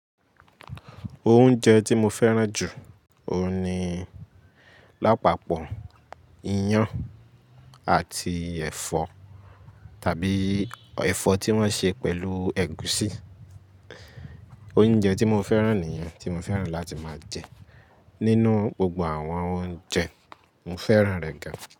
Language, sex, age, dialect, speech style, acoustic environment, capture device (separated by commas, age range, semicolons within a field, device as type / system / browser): Yoruba, male, 18-24, Nigeria - Lagos Yoruba, focused; happy; relaxed, nature, mobile / Linux / Chrome